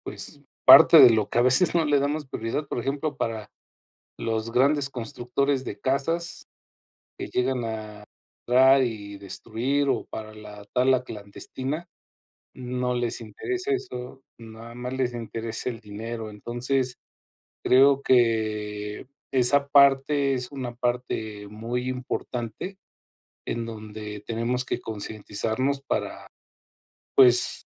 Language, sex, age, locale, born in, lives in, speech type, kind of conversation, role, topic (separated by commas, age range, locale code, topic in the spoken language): Spanish, male, 50-54, es-MX, Mexico, Mexico, conversation, unstructured, none, ¿Por qué es importante conservar los bosques?